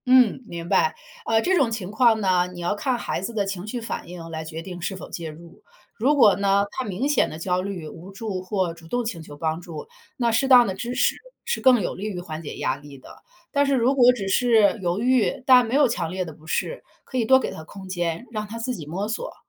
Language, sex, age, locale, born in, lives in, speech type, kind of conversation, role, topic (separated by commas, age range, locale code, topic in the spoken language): Chinese, female, 40-44, zh-CN, China, United States, read, expert-assistant, expert, 很多人说孩子的性格小时候就定了，这种说法可信吗？